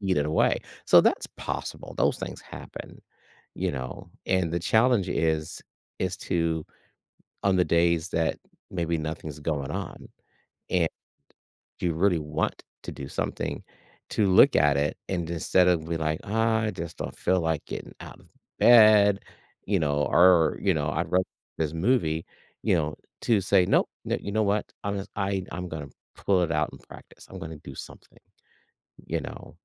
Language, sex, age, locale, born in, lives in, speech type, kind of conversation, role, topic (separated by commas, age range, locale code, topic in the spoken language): English, male, 55-59, en-US, United States, United States, conversation, podcast, guest, What helps you keep your passion for a hobby alive over time?